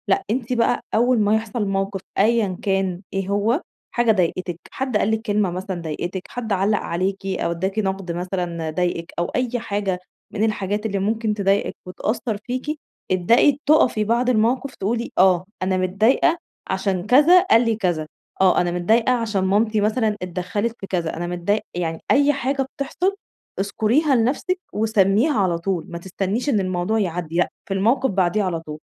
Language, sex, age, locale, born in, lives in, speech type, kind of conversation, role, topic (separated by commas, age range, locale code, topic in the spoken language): Arabic, female, 30-34, ar-EG, Egypt, Egypt, conversation, advice, advisor, إزاي أتعلم أسمي مشاعري بطريقة تساعدني أهدّي نفسي؟